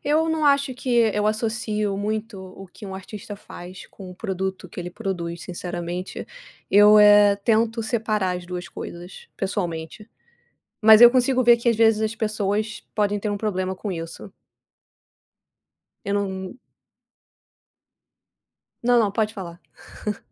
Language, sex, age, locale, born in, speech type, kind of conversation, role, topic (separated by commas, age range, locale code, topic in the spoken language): Portuguese, female, 30-34, pt-BR, Brazil, conversation, unstructured, none, Quando um artista comete erros graves, devemos continuar consumindo a sua obra?